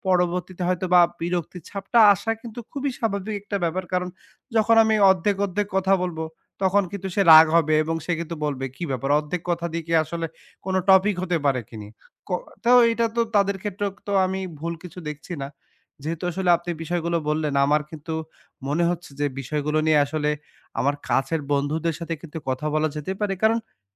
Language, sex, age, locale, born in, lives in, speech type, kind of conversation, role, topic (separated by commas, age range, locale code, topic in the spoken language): Bengali, male, 25-29, bn-BD, Bangladesh, Bangladesh, conversation, advice, user, আপনি কোন ভুল বোঝাবুঝি পরিষ্কার করে কথা বলতে চান?